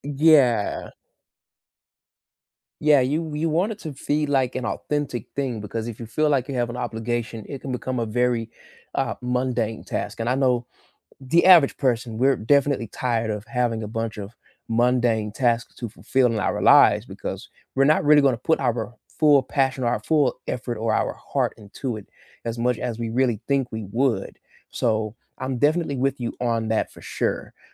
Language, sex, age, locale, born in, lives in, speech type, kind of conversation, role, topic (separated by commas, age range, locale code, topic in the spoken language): English, male, 25-29, en-US, United States, United States, conversation, unstructured, none, How do you recharge after a stressful day?